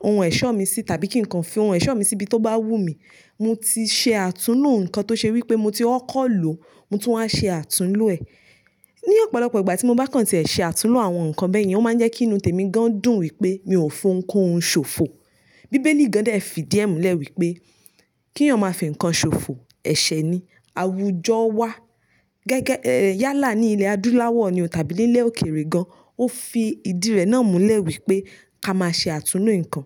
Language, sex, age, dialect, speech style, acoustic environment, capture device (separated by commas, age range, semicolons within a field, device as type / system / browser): Yoruba, female, 25-34, Nigeria - Nigerian Standard, focused, silence, mobile / Linux / Chrome